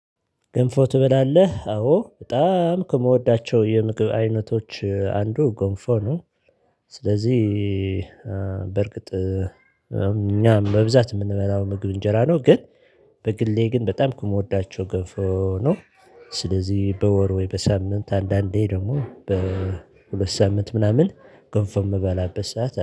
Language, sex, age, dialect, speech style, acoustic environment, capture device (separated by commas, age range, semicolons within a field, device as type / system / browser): Amharic, male, 35-44, Ethiopia - Addis Ababa, excited, silence, mobile / Linux / Chrome